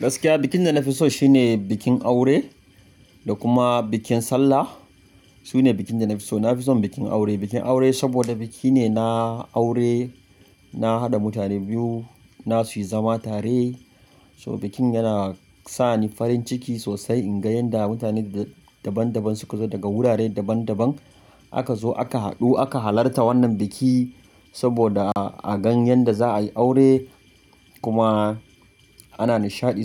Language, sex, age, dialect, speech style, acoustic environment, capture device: Hausa, male, 25-34, Nigeria - Kano, happy; focused; excited, nature, mobile / Linux / Chrome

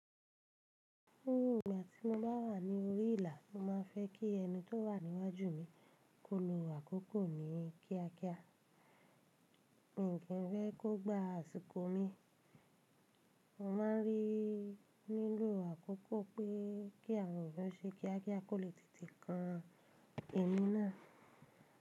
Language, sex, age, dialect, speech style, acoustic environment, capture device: Yoruba, female, 25-34, Nigeria - Ibadan, relaxed, silence, mobile / Linux / Chrome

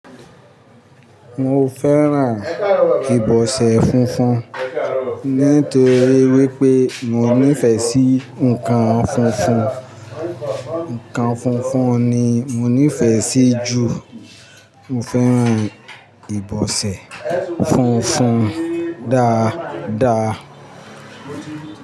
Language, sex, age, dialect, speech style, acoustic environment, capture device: Yoruba, male, 45-54, Nigeria - Ibadan, happy, people talking, mobile / Linux / Chrome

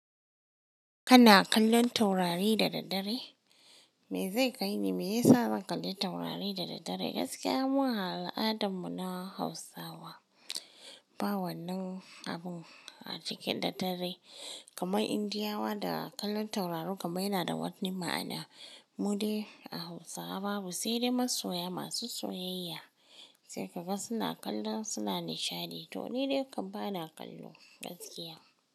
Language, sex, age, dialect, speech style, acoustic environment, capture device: Hausa, female, 18-24, Nigeria - Kano, happy, silence, mobile / Linux / Chrome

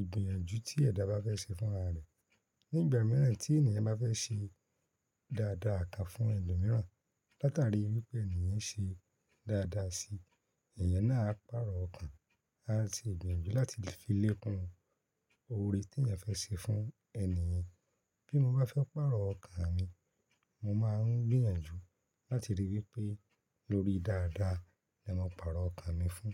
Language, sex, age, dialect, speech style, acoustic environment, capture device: Yoruba, male, 25-34, Nigeria - Ibadan, happy, people talking; nature; silence, mobile / Linux / Chrome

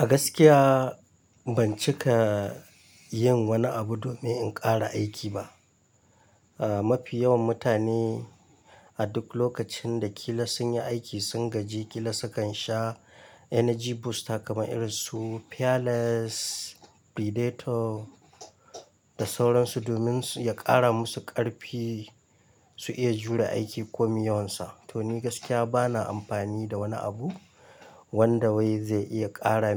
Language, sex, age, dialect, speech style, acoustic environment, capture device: Hausa, male, 25-34, Nigeria - Kano, excited; happy, nature, mobile / Linux / Chrome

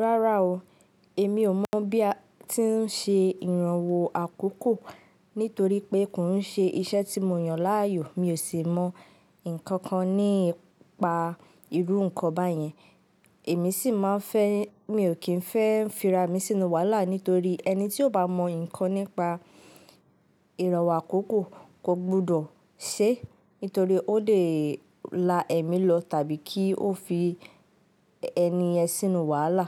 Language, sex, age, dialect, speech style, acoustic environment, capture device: Yoruba, female, 18-24, Nigeria - Ibadan, focused, nature, mobile / Linux / Chrome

